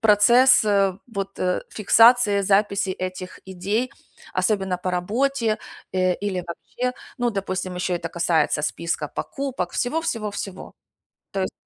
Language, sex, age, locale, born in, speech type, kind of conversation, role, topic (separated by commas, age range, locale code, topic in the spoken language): Russian, female, 45-49, ru-UA, Ukraine, conversation, advice, user, Как мне регулярно и понятно записывать идеи и новые концепции?